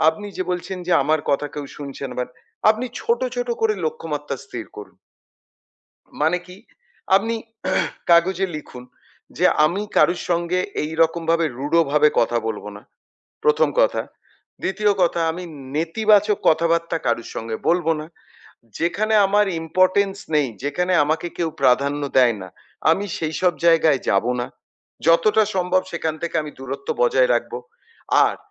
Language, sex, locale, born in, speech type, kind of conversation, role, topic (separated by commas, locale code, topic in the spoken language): Bengali, male, bn-IN, India, conversation, advice, advisor, আমি কীভাবে নিজেকে ইতিবাচক দৃষ্টিতে দেখতে শিখতে পারি?